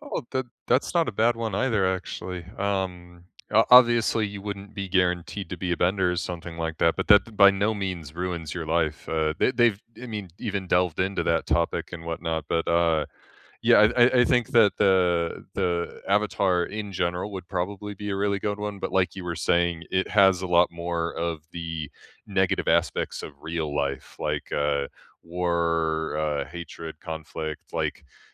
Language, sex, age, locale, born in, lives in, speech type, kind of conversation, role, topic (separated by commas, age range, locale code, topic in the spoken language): English, male, 25-29, en-US, United States, United States, conversation, unstructured, none, If you could step into any fictional world for a day, which would you choose and why?